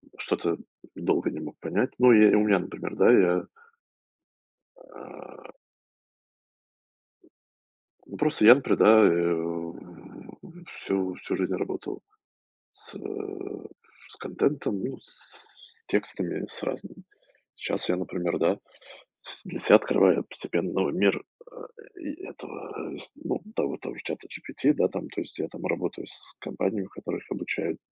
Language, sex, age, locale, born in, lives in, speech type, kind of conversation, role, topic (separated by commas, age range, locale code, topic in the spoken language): Russian, male, 35-39, ru-RU, Russia, United States, conversation, unstructured, none, Как вы думаете, зачем нам учиться всю жизнь?